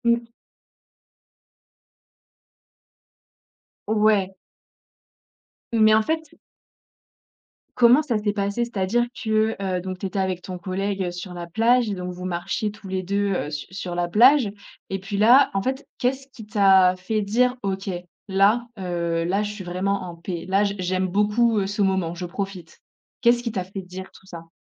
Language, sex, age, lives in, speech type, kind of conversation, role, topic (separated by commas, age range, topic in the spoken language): French, female, 25-29, France, conversation, podcast, host, Quel est l’endroit où tu as trouvé la paix intérieure ?